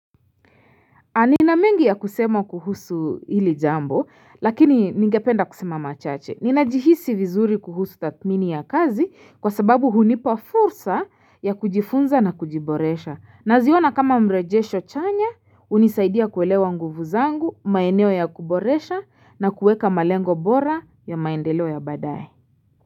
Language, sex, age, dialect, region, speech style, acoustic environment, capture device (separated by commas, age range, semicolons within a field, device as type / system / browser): Swahili, female, 25-34, Kenya - Nairobi Sheng-influenced, Kenya, relaxed, silence, mobile / Linux / Chrome